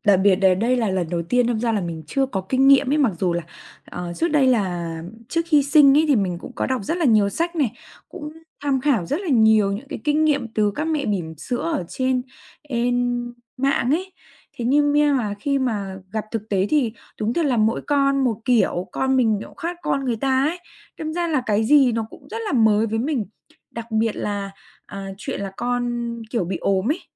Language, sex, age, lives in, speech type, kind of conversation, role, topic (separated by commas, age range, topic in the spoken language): Vietnamese, female, 20-24, Vietnam, conversation, advice, user, Làm sao để bớt lo lắng mình không đủ tốt khi làm cha mẹ vì sợ mắc sai lầm?